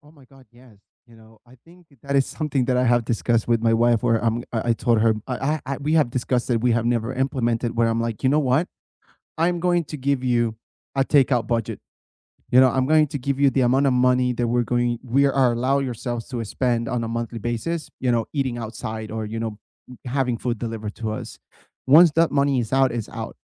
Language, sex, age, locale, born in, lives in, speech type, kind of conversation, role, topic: English, male, 30-34, en-US, United States, United States, conversation, unstructured, none, What is the best way to save money each month?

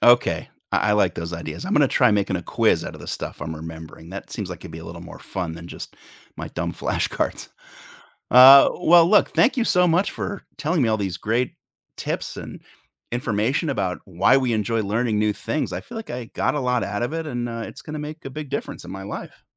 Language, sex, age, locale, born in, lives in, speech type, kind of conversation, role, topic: English, male, 45-49, en-US, United States, United States, read, expert-assistant, asker, Why do people enjoy learning new things?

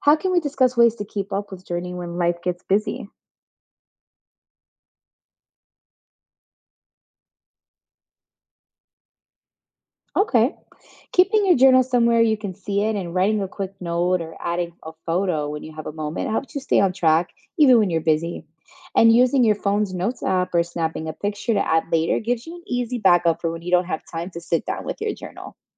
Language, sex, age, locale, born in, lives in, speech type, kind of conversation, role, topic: English, female, 25-29, en-US, United States, United States, read, expert-assistant, expert, What are the advantages of keeping a journal?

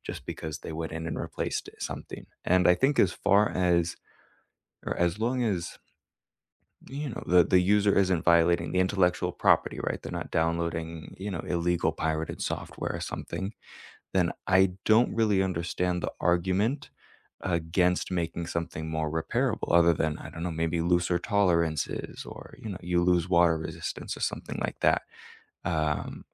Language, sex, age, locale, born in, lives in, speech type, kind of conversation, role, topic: English, male, 25-29, en-US, United States, United States, conversation, unstructured, none, Should tech companies be legally required to make consumer devices repairable?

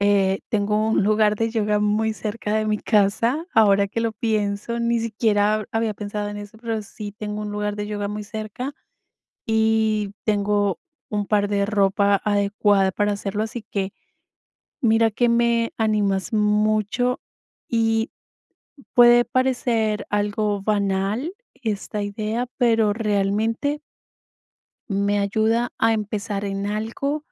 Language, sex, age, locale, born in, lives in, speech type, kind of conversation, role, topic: Spanish, female, 45-49, es-CO, Colombia, United States, conversation, advice, user, ¿Cómo puedo empezar una rutina si me da miedo intentarlo?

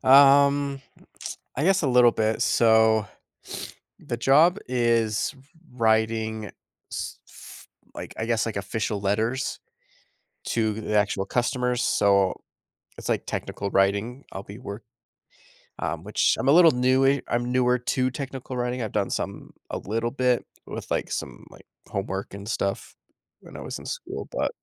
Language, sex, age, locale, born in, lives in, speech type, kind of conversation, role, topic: English, male, 30-34, en-US, United States, United States, conversation, advice, user, How can I manage nerves before starting a new job?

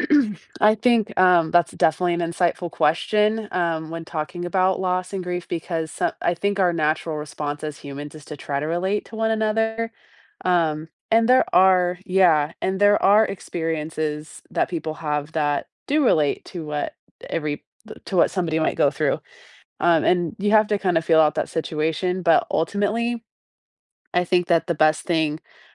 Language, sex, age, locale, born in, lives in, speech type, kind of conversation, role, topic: English, female, 30-34, en-US, United States, United States, conversation, podcast, guest, What helps families stay connected and support each other through grief?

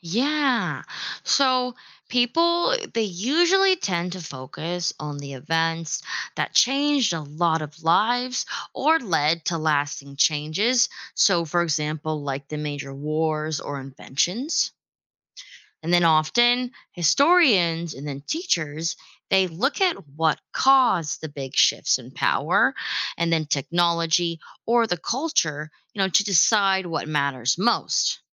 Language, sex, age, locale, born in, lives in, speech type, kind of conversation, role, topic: English, female, 25-29, en-US, United States, United States, read, expert-assistant, expert, Why is learning about history important?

